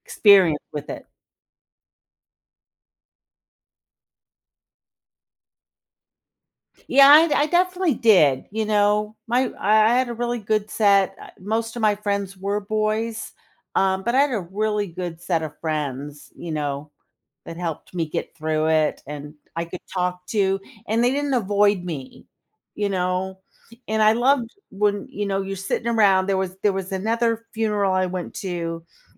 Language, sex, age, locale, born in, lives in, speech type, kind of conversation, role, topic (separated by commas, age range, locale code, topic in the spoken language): English, female, 60-64, en-US, United States, United States, conversation, podcast, host, What are thoughtful ways to be there for a friend who is grieving?